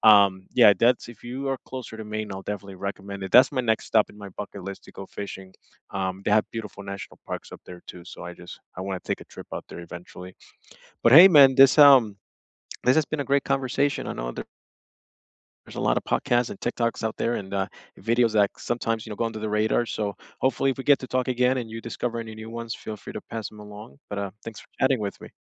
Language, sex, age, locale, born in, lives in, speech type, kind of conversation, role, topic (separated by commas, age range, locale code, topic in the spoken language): English, male, 25-29, en-US, United States, United States, conversation, unstructured, none, Which hobbies have you tried after discovering them on YouTube, TikTok, or podcasts, and what kept you going?